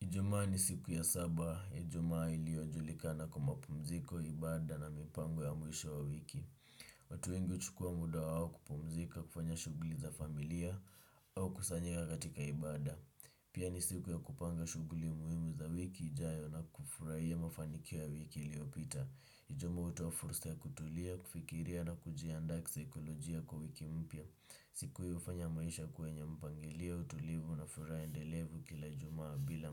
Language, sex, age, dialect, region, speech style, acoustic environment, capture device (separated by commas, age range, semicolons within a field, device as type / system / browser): Swahili, male, 18-24, Kenya - Nairobi Sheng-influenced, Kenya, relaxed, nature, desktop / Windows / Chrome